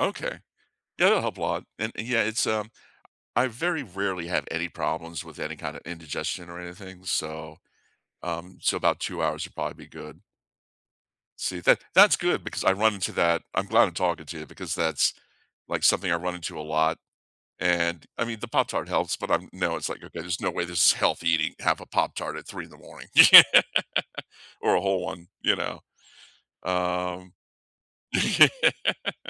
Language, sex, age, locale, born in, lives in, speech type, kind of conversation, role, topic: English, male, 55-59, en-US, United States, United States, conversation, unstructured, none, What sleep rituals have actually helped you drift off?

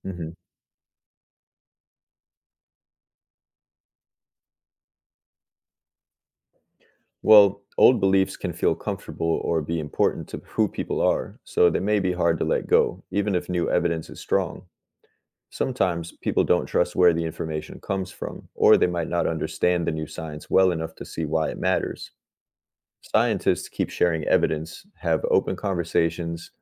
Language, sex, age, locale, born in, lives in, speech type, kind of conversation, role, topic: English, male, 30-34, en-US, United States, United States, read, expert-assistant, expert, How do scientific discoveries influence our understanding of the universe?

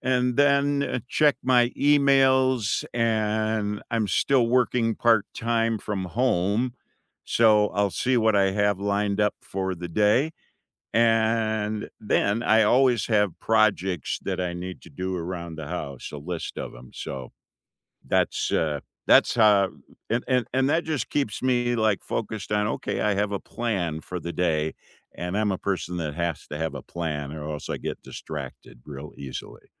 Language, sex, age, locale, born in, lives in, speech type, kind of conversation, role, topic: English, male, 65-69, en-US, United States, United States, conversation, unstructured, none, Which small daily rituals help you feel centered, and what stories make them meaningful to you?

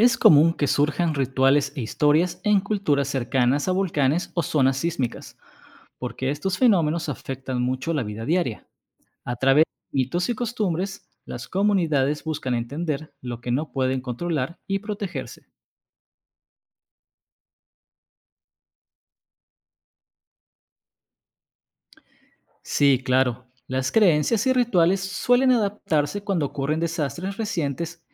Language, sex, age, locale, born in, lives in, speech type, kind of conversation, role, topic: Spanish, male, 35-39, es-MX, Mexico, Mexico, read, expert-assistant, expert, ¿Cómo han influido los desastres geológicos como terremotos o volcanes en las costumbres y creencias de diferentes culturas?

